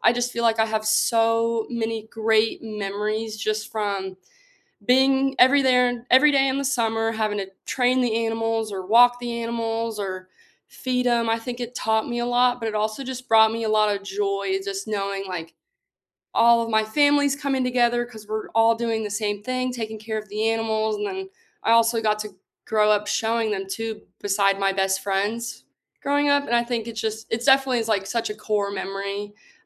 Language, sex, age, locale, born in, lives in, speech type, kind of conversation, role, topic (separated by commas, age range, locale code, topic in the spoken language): English, female, 20-24, en-US, United States, United States, conversation, unstructured, none, What’s a childhood memory that still makes you smile?